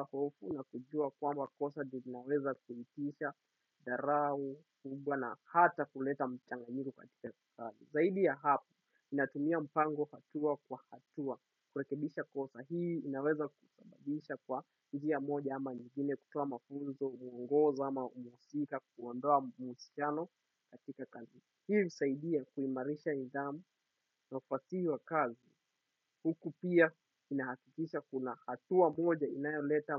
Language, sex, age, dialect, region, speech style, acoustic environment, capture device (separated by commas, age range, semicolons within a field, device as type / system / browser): Swahili, male, 18-24, Kenya - Mombasa, Kenya, happy, silence, desktop / Windows / Chrome